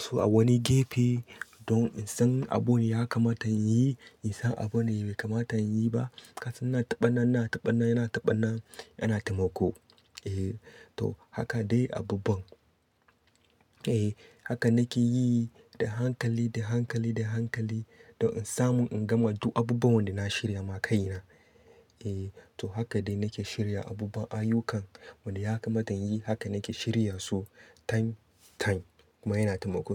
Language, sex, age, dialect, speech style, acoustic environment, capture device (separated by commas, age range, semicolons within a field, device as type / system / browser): Hausa, male, 25-34, Nigeria - Katsina, happy, nature, desktop / Linux / Chrome